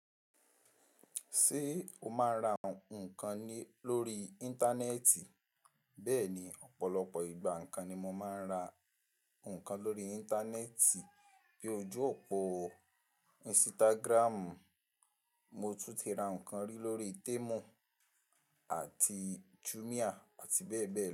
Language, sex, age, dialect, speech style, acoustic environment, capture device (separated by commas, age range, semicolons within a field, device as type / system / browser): Yoruba, male, 18-24, Nigeria - Ibadan, relaxed, appliances, mobile / Linux / Chrome